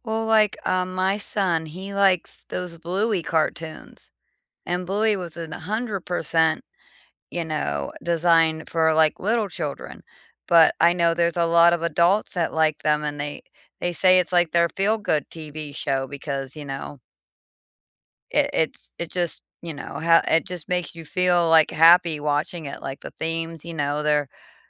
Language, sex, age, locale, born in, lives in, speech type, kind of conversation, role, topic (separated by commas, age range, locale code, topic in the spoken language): English, female, 45-49, en-US, United States, United States, conversation, unstructured, none, What guilty-pleasure entertainment do you secretly love and proudly defend?